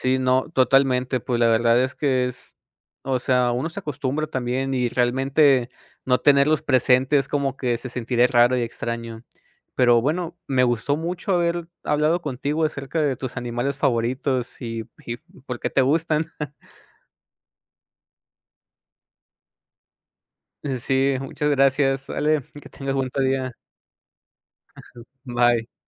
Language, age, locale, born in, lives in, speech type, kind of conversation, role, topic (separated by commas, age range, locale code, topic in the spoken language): Spanish, 25-29, es-MX, Mexico, Mexico, conversation, unstructured, none, ¿Cuál es tu animal doméstico favorito y por qué?